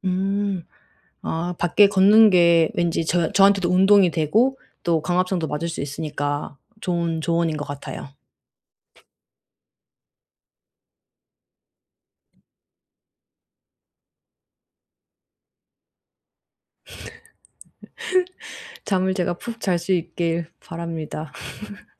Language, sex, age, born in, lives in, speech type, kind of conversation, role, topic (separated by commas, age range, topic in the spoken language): Korean, female, 35-39, South Korea, Germany, conversation, advice, user, 낮잠 때문에 밤잠이 깨지는데 낮잠과 밤잠의 균형을 어떻게 맞출 수 있을까요?